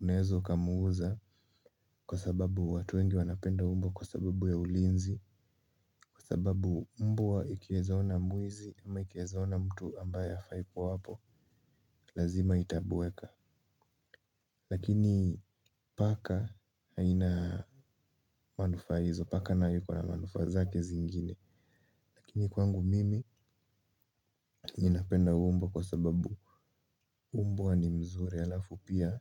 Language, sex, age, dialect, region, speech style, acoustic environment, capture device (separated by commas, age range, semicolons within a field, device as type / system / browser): Swahili, male, 18-24, Kenya - Nairobi Sheng-influenced, Kenya, focused; relaxed, silence, mobile / Linux / Chrome